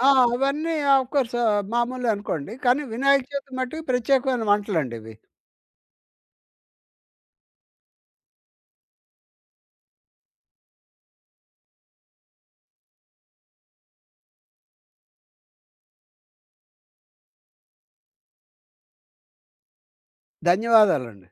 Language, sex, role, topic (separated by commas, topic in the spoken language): Telugu, male, guest, పండగలకు ప్రత్యేకంగా చేసే వంటకాల గురించి చెప్పగలరా?